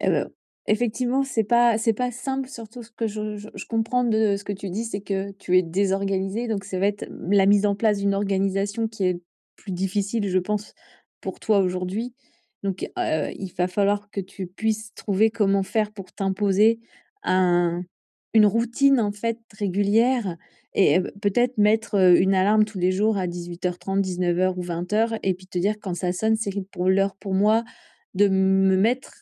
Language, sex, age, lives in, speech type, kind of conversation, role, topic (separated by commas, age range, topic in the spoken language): French, female, 45-49, France, conversation, advice, advisor, Comment puis-je réserver du temps chaque semaine pour mon apprentissage continu sans me sentir débordé ?